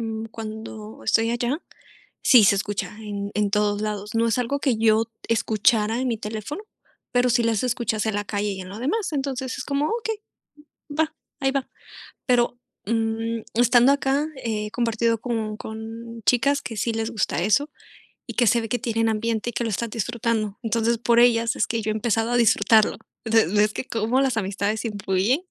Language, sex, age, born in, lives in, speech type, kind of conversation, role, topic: Spanish, female, 25-29, Mexico, Mexico, conversation, unstructured, none, ¿Cuál fue el concierto o espectáculo que más disfrutaste?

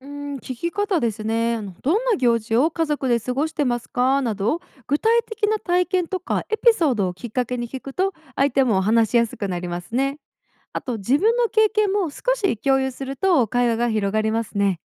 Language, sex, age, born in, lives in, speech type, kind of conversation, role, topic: Japanese, female, 25-29, Japan, United States, read, expert-assistant, expert, 多様な宗教の人と関わるとき、どんなアプローチが対話や交流を深めるのに役立つと思いますか？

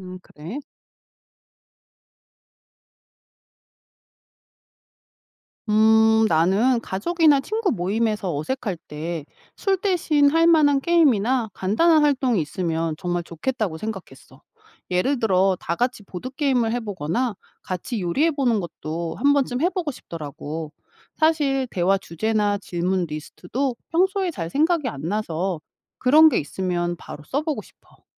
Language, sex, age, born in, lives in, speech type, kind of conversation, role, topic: Korean, female, 45-49, South Korea, United States, read, expert-assistant, asker, 술과 담배가 없는 사회에서는 우리의 모임 문화가 어떻게 달라질까요?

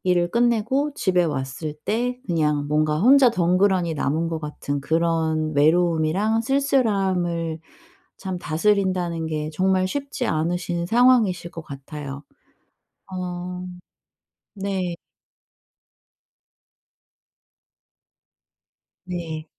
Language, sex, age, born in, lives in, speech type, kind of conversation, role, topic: Korean, female, 40-44, South Korea, South Korea, conversation, advice, advisor, 낯선 환경에서 느끼는 외로움과 그리움을 어떻게 건강하게 다루면 좋을까요?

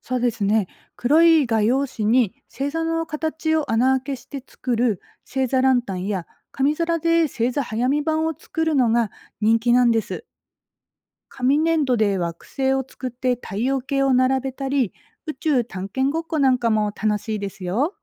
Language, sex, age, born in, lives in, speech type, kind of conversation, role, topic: Japanese, female, 40-44, Japan, Japan, read, expert-assistant, expert, 夜空の星をもっと身近に感じるには、どんなアイデアがあると思いますか？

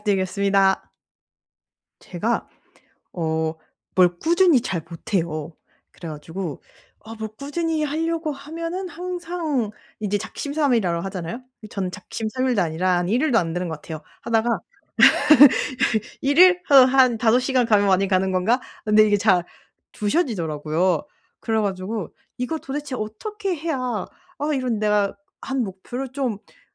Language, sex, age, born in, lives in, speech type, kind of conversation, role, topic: Korean, female, 25-29, South Korea, Germany, conversation, advice, user, 꾸준히 동기를 유지하려면 어떤 보상을 선택하는 것이 가장 효과적일까요?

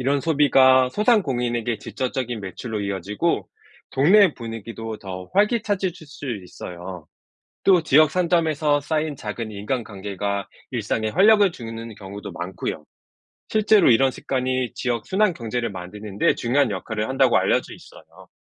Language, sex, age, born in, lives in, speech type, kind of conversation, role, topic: Korean, male, 40-44, South Korea, South Korea, read, expert-assistant, expert, 동네 소상공인과 지역 경제를 살리기 위해 우리가 할 수 있는 일은 무엇일까요?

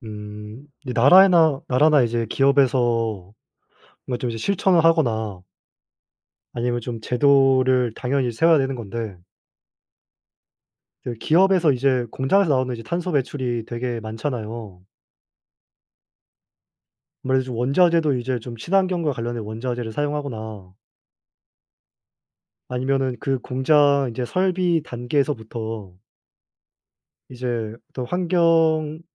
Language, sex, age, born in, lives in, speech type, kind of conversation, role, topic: Korean, male, 20-24, South Korea, South Korea, conversation, unstructured, none, 환경오염을 막기 위해 개인이 할 수 있는 일은 무엇일까요?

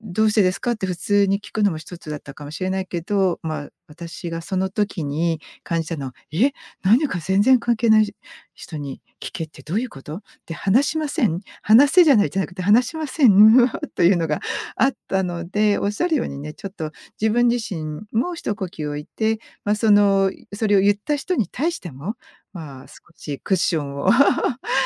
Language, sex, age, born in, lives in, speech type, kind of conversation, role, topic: Japanese, female, 55-59, Japan, United States, conversation, podcast, guest, 相手に謝ってもらえないとき、どうしますか？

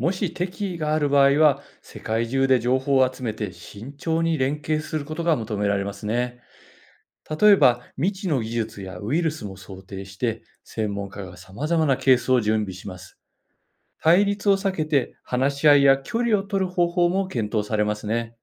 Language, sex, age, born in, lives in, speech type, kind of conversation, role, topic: Japanese, male, 55-59, Japan, Japan, read, expert-assistant, expert, 地球外生命体が発見された場合、人類の科学や宗教、社会はどのような影響を受けると思いますか？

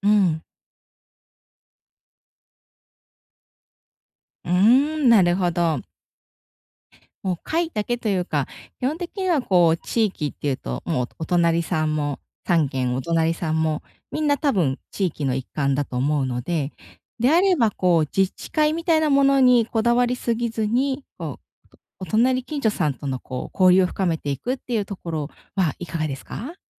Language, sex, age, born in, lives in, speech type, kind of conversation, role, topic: Japanese, female, 35-39, Japan, Japan, conversation, advice, advisor, 自分は地域でどのように貢献できるでしょうか？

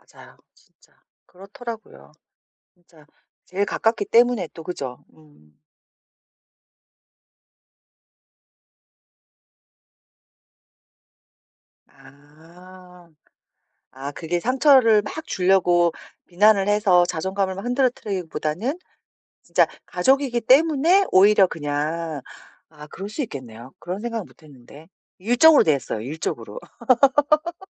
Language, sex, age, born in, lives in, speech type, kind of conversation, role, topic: Korean, female, 40-44, South Korea, South Korea, conversation, advice, user, 비난을 받아도 자존감이 흔들리지 않게 하려면 어떻게 해야 하나요?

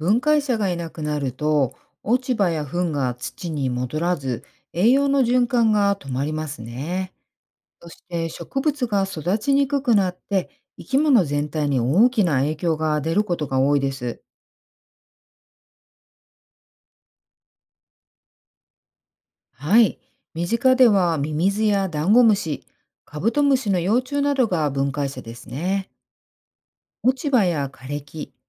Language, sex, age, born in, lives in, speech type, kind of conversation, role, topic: Japanese, female, 50-54, Japan, United States, read, expert-assistant, expert, 『食物連鎖』って、どういう仕組みなんですか？身近な例で教えてもらえますか。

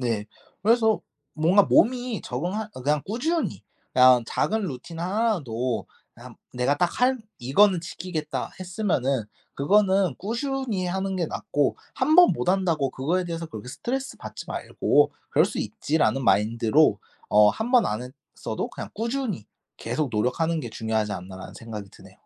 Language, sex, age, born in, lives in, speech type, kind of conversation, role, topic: Korean, male, 25-29, South Korea, Japan, conversation, podcast, guest, 수면 루틴을 잘 잡으려면 무엇이 가장 중요하다고 생각하시나요?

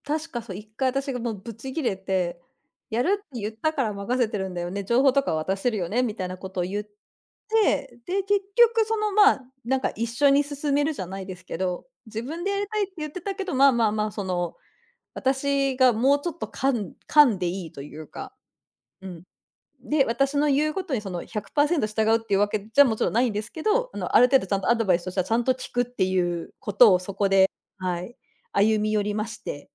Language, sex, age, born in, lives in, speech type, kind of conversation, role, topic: Japanese, female, 40-44, Japan, Japan, conversation, unstructured, none, 相手が怒っているとき、どのように対応しますか？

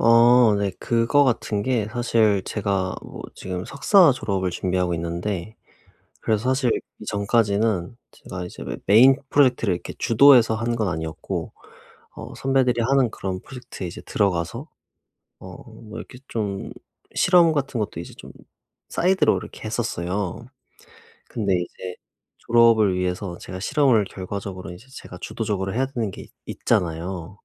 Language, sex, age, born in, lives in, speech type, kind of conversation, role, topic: Korean, male, 25-29, South Korea, South Korea, conversation, advice, user, 작은 성공으로 잃은 자신감을 어떻게 회복할 수 있을까요?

